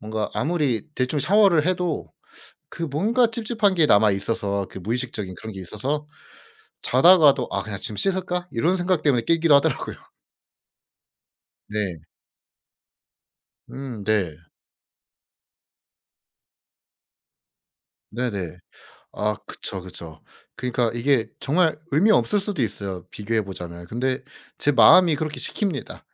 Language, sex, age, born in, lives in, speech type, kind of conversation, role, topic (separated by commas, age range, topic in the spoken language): Korean, male, 25-29, South Korea, South Korea, conversation, podcast, guest, 잠들기 전에 하시는 루틴이 있으신가요?